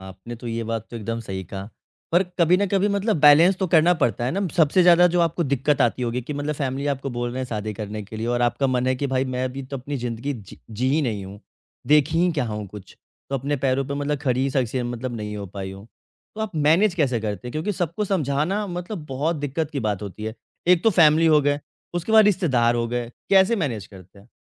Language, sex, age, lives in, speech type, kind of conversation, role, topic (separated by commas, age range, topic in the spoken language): Hindi, male, 25-29, India, conversation, podcast, host, Family expectations aur mental health ko kaise balance karein?